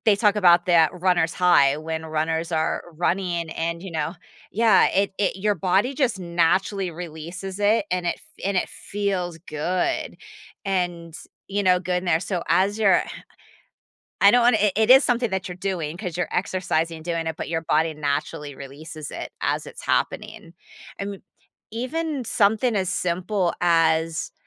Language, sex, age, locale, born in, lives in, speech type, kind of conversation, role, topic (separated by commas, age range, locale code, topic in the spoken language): English, female, 45-49, en-US, United States, United States, conversation, podcast, guest, Why is regular physical activity considered an effective way to cope with stress?